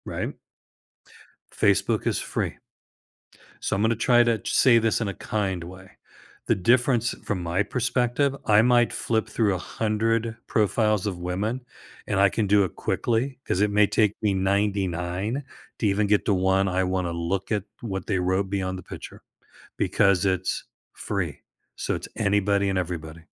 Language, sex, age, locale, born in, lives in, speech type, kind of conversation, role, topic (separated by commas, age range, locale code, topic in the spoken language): English, male, 65-69, en-US, United States, United States, conversation, advice, user, How can I open up more in my relationship?